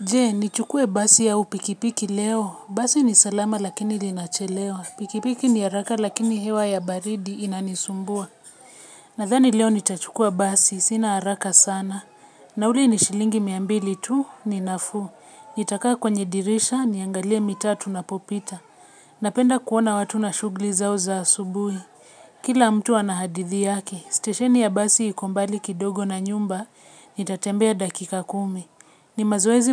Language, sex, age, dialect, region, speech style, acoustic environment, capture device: Swahili, female, 25-34, Kenya - Nairobi Sheng-influenced, Kenya, relaxed; focused; excited, silence; people talking, mobile / Linux / Chrome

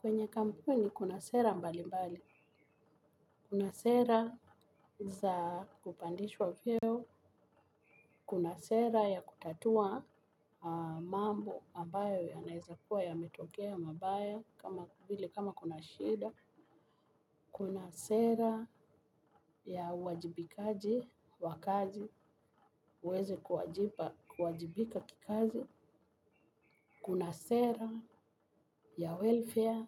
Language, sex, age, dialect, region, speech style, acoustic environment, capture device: Swahili, female, 45-54, Kenya - Nairobi Sheng-influenced, Kenya, relaxed, music, mobile / Linux / Chrome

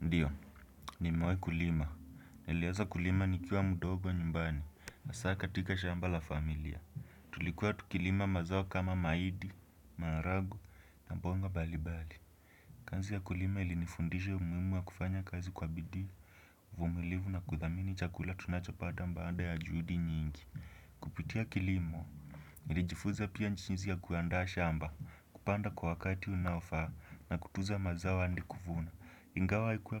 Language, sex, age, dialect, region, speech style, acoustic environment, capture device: Swahili, male, 18-24, Kenya - Nairobi Sheng-influenced, Kenya, happy, silence, desktop / Windows / Chrome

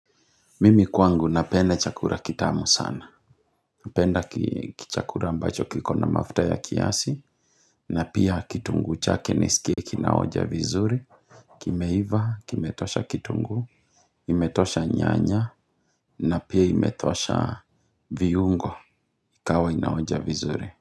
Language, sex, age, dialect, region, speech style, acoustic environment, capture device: Swahili, male, 35-44, Kenya - Kiambu, Kenya, happy, nature, mobile / Linux / Chrome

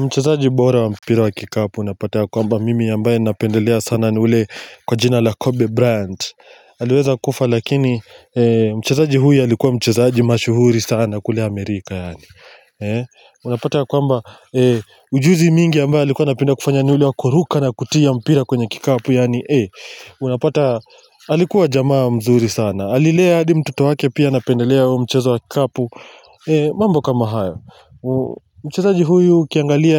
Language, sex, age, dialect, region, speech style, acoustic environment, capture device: Swahili, male, 25-34, Kenya - Mombasa, Kenya, happy; relaxed; excited, nature, mobile / Linux / Chrome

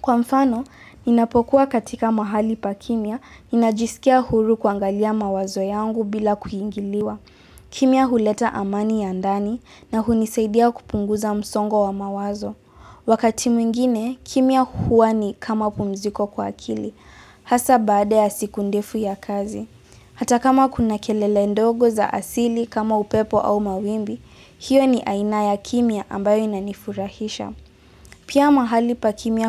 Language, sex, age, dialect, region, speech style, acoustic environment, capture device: Swahili, female, 18-24, Kenya - Nairobi Sheng-influenced, Kenya, relaxed, silence; appliances; people talking, mobile / Linux / Chrome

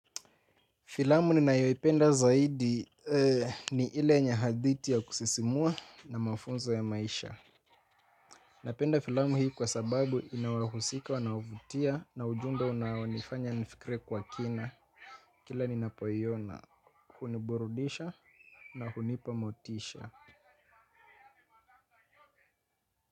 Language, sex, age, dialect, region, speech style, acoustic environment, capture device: Swahili, male, 25-34, Kenya - Mombasa, Kenya, happy, silence, mobile / Linux / Chrome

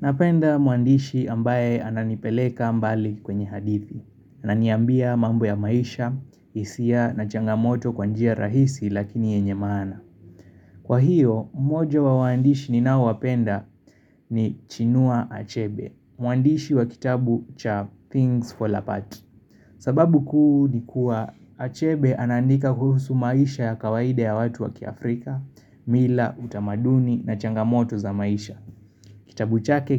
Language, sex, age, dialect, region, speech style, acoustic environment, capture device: Swahili, male, 25-34, Kenya - Nairobi Sheng-influenced, Kenya, tired; focused; excited, construction; nature; appliances, mobile / Linux / Chrome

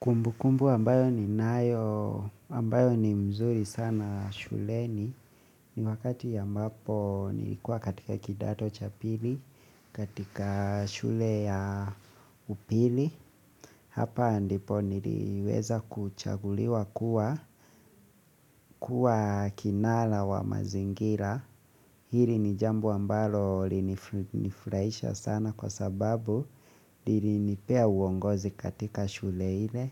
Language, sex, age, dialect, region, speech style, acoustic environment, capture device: Swahili, male, 25-34, Kenya - Nairobi Sheng-influenced, Kenya, focused; excited; relaxed, silence, mobile / Linux / Chrome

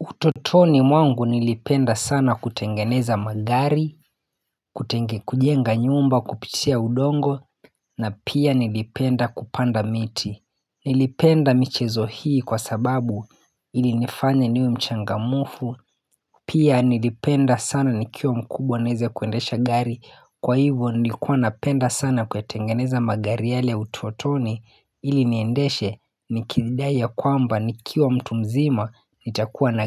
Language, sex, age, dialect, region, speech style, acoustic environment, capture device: Swahili, male, 25-34, Kenya - Mombasa, Kenya, happy; focused, nature; silence, mobile / Linux / Chrome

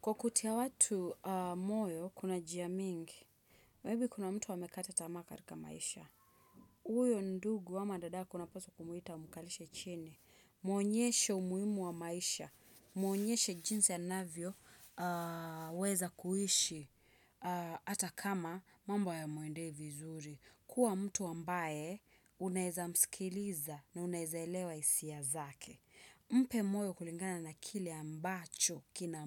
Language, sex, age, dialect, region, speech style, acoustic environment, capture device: Swahili, female, 25-34, Kenya - Nairobi Sheng-influenced, Kenya, happy; relaxed; excited, silence, desktop / Windows / Chrome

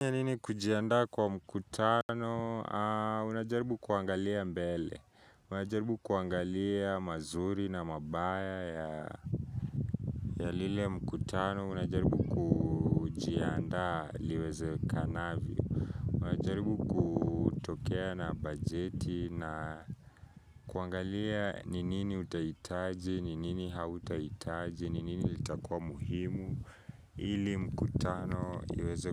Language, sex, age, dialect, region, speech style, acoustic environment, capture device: Swahili, male, 18-24, Kenya - Nairobi Sheng-influenced, Kenya, focused, people talking; nature, mobile / Linux / Chrome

